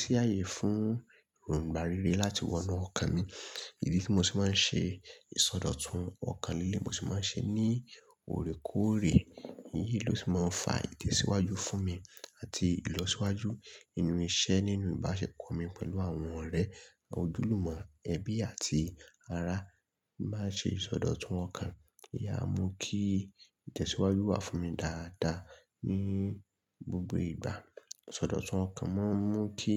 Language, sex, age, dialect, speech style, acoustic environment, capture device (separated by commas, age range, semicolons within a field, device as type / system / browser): Yoruba, male, 25-34, Nigeria - Lagos Yoruba, nervous; excited; focused; relaxed, silence; people talking; nature; traffic, mobile / Linux / Chrome